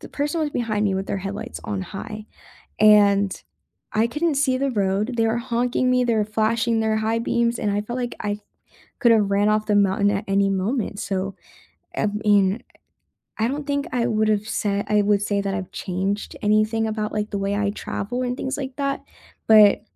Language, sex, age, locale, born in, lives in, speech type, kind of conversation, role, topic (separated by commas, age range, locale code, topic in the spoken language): English, female, 20-24, en-US, United States, United States, conversation, unstructured, none, Can adventure travel be made genuinely safe while preserving real thrill and authentic risk?